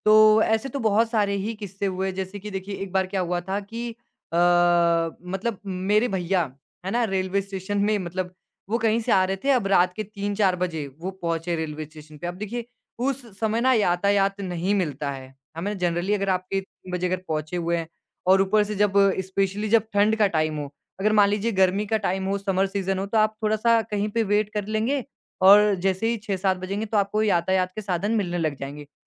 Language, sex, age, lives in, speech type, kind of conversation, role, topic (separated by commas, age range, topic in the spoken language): Hindi, male, 20-24, India, conversation, podcast, guest, कठिन समय में आपके परिवार में एक-दूसरे का साथ किस तरह सामने आता है?